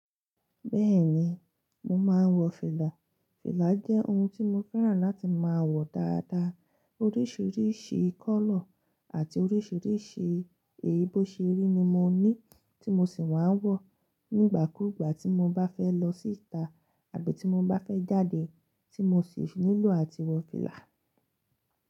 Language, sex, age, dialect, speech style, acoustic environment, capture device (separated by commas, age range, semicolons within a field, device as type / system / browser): Yoruba, female, 25-34, Nigeria - Lagos Yoruba, relaxed, silence, mobile / Linux / Chrome